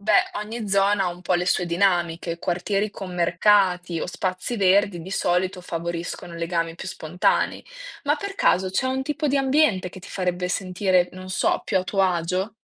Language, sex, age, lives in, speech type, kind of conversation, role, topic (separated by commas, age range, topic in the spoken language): Italian, female, 20-24, Italy, read, expert-assistant, expert, Perché le persone che vivono in grandi città spesso si sentono sole, anche circondate da tanta gente?